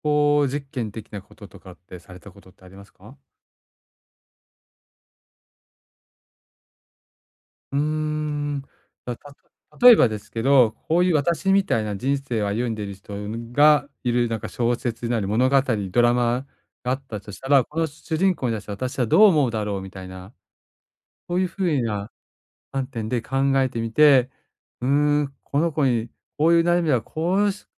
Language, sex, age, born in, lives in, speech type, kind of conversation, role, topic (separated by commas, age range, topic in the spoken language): Japanese, male, 45-49, Japan, Japan, conversation, advice, advisor, 将来のことが漠然と不安なとき、どうすれば落ち着けますか？